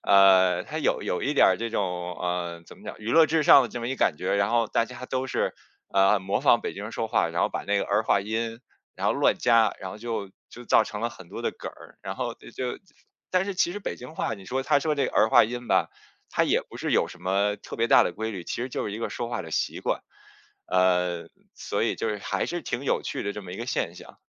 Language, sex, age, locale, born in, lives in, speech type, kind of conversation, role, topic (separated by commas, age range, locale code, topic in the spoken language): Chinese, male, 40-44, zh-CN, China, United States, conversation, podcast, guest, 你会把家乡话教给子女吗？